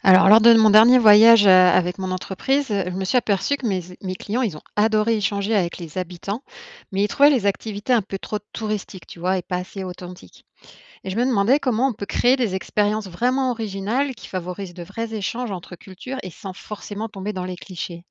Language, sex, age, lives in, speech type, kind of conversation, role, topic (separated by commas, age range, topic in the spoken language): French, female, 40-44, France, read, expert-assistant, asker, Quelles solutions créatives pourraient aider les gens à profiter des échanges entre différents pays ?